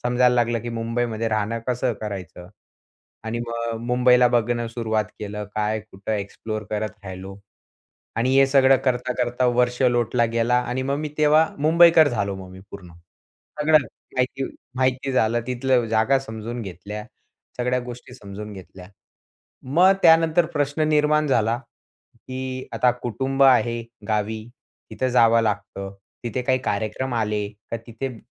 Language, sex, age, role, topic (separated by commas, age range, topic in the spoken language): Marathi, male, 20-24, guest, स्थानांतरणानंतर कुटुंबातील नातेसंबंधांमध्ये काय बदल झाला?